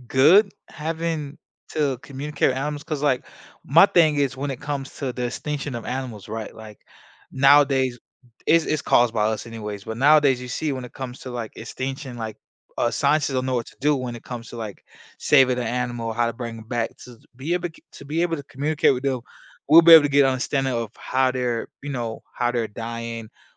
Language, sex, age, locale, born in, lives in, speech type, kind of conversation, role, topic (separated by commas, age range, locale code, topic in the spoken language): English, male, 25-29, en-US, United States, United States, conversation, unstructured, none, Would you rather be able to speak every language fluently or communicate with animals?